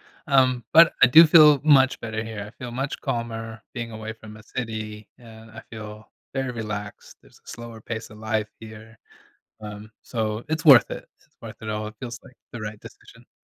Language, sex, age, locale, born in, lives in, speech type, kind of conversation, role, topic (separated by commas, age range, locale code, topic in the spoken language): English, male, 35-39, en-US, United States, United States, conversation, advice, user, How can I find calm after moving to a new home?